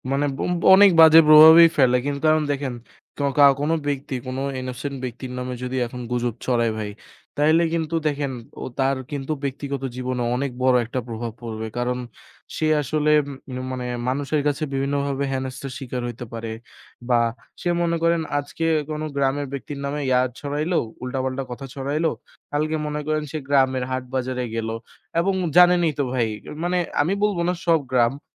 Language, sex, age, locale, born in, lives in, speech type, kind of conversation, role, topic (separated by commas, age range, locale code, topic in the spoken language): Bengali, male, 20-24, bn-BD, Bangladesh, Bangladesh, conversation, unstructured, none, বর্তমান সময়ে সমাজমাধ্যমের প্রভাবকে আপনি কীভাবে দেখছেন?